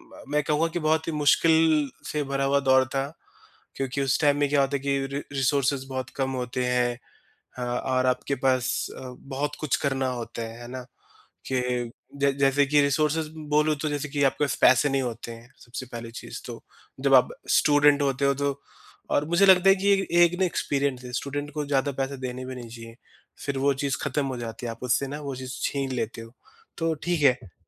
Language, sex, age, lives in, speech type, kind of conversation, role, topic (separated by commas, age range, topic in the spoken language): Hindi, male, 30-34, India, conversation, podcast, guest, Graduation ya degree complete karne ka pal aapke liye kaisa tha?